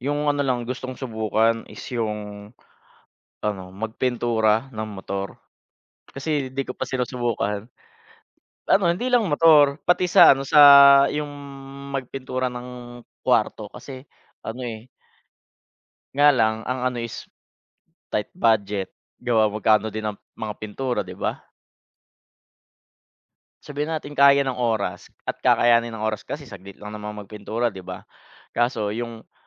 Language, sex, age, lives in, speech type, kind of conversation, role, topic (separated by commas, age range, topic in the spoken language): Filipino, male, 30-34, Philippines, conversation, unstructured, none, Ano ang paborito mong gawin kapag may libreng oras?